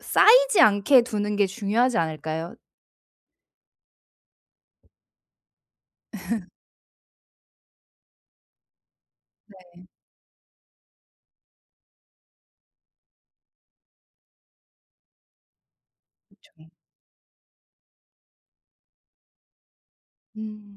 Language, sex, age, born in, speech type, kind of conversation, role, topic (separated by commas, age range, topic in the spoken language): Korean, female, 30-34, South Korea, conversation, advice, advisor, 솔직한 감정은 갈등 없이 어떻게 표현할 수 있나요?